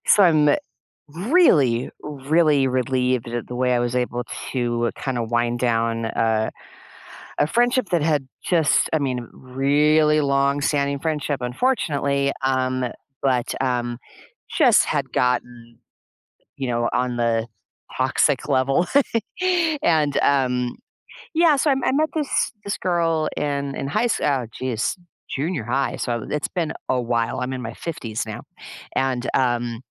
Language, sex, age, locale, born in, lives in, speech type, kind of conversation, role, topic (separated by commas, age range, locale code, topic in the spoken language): English, female, 55-59, en-US, United States, United States, conversation, advice, user, How can I move forward and heal after ending a toxic friendship?